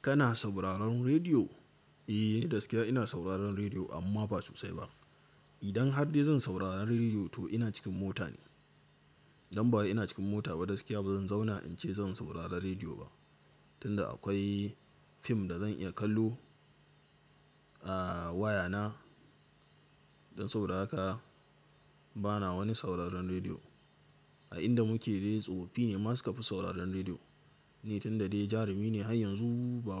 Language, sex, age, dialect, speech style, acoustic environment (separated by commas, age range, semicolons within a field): Hausa, male, 18-24, Nigeria - Katsina, nervous; tired, silence